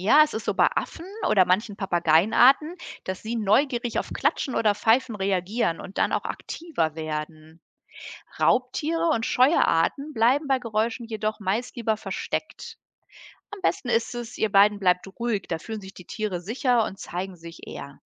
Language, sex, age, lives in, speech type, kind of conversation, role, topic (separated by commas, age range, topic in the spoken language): German, female, 45-49, Germany, read, expert-assistant, expert, Wie kann ich beim Spazierengehen oder im Zoo am meisten über Tiere lernen?